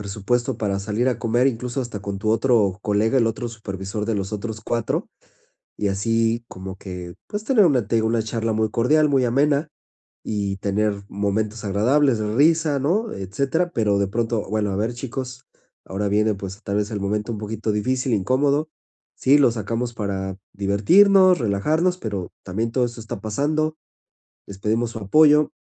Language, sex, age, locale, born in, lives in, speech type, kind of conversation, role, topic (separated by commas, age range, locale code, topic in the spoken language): Spanish, male, 40-44, es-MX, Mexico, Spain, conversation, advice, advisor, ¿Cómo puedo establecer límites sin dañar mi reputación profesional?